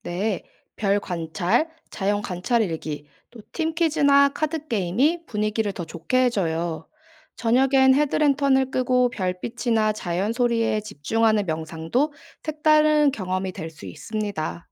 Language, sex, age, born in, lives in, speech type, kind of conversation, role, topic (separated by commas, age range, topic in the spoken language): Korean, female, 25-29, South Korea, South Korea, read, expert-assistant, expert, 산행과 캠핑 장비를 체계적으로 준비하고 짐을 최소화하면서도 안전하게 챙기는 전략에는 어떤 것이 있을까요?